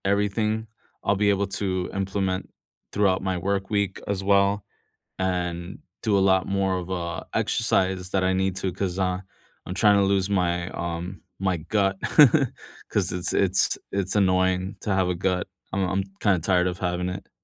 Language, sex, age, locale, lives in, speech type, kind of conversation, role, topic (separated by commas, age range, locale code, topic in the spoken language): English, male, 35-39, en-US, United States, conversation, unstructured, none, What simple rituals help you recharge after a draining day, and how can we support each other?